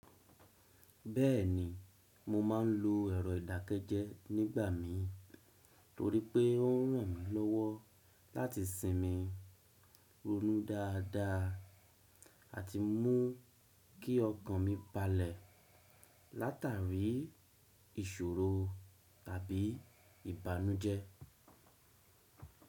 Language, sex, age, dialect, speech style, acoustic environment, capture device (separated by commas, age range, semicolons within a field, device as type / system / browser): Yoruba, male, 35-44, Nigeria - Lagos Yoruba, happy, silence; nature, desktop / Windows / Chrome